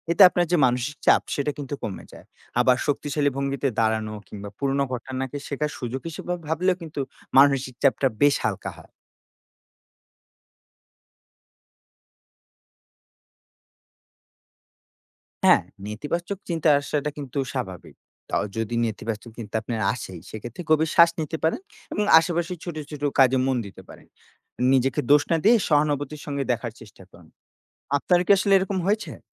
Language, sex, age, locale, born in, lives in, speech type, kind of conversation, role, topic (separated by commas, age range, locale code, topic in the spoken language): Bengali, male, 20-24, bn-BD, Bangladesh, Bangladesh, read, expert-assistant, expert, কাজের জগতে নিজের মার্কেটিং দক্ষতা কীভাবে বাড়ানো যায়?